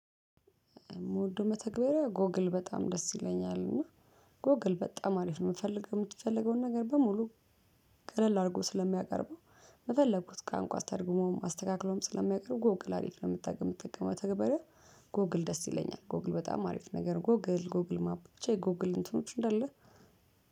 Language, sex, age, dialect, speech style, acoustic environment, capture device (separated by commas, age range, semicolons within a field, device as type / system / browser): Amharic, female, 35-44, Ethiopia - Addis Ababa, happy, people talking; music; construction, mobile / Linux / Chrome